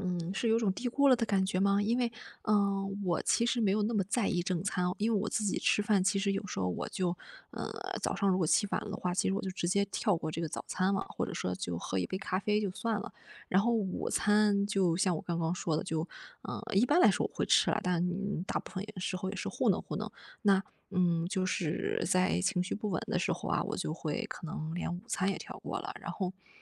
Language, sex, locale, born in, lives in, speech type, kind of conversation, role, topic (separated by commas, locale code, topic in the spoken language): Chinese, female, zh-CN, China, Germany, conversation, advice, user, 我怎样通过按时吃正餐来稳定情绪？